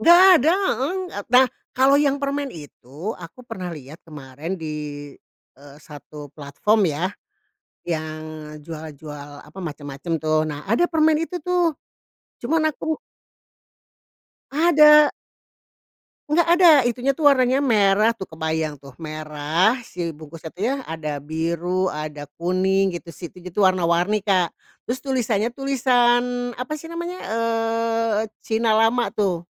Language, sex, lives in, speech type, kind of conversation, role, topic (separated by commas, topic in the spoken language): Indonesian, female, Indonesia, conversation, podcast, guest, Camilan masa kecil apa yang sampai sekarang belum pernah kamu temukan lagi?